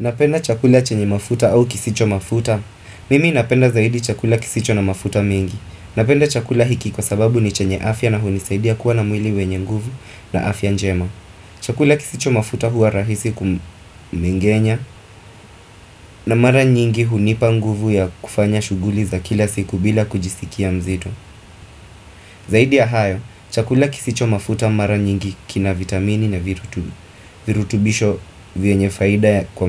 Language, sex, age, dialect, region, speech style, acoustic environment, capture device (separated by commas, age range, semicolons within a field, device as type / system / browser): Swahili, male, 25-34, Kenya - Mombasa, Kenya, focused, appliances; traffic, desktop / Windows / Firefox